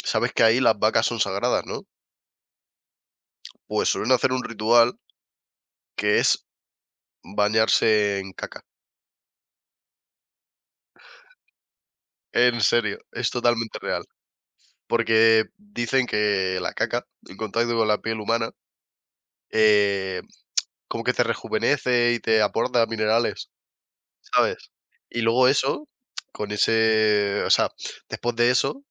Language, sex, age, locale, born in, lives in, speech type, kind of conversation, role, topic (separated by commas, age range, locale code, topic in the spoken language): Spanish, male, 20-24, es-ES, Spain, Spain, conversation, unstructured, none, ¿Cuál es tu forma favorita de relajarte después de un día largo?